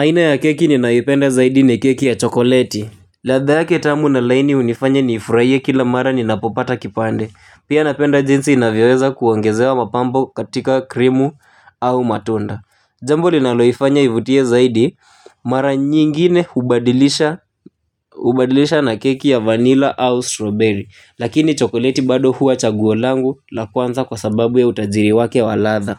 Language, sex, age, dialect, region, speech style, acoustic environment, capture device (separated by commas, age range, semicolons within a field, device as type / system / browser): Swahili, male, 18-24, Kenya - Nairobi Sheng-influenced, Kenya, happy, nature; people talking, mobile / Linux / Chrome